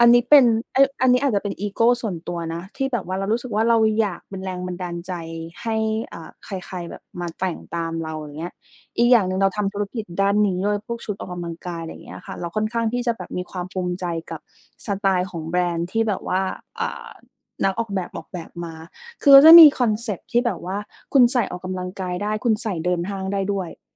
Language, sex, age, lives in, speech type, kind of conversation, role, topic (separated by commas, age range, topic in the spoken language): Thai, female, 30-34, Thailand, conversation, podcast, guest, วัฒนธรรมไทยส่งผลต่อการแต่งตัวของคุณอย่างไร?